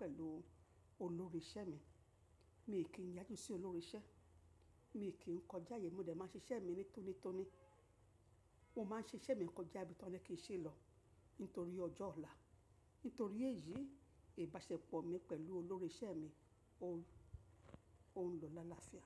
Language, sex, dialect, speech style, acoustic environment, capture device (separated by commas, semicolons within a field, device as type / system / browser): Yoruba, female, Nigeria - Lagos Yoruba, relaxed; happy; focused, nature; silence, mobile / macOS / Safari